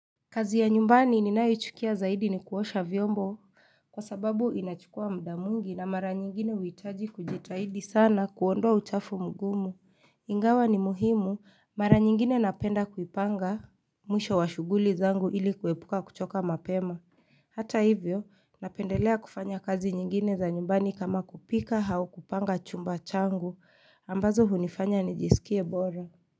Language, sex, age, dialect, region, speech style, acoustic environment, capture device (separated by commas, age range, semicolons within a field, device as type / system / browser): Swahili, female, 25-34, Kenya - Nairobi Sheng-influenced, Kenya, relaxed, silence, mobile / Linux / Chrome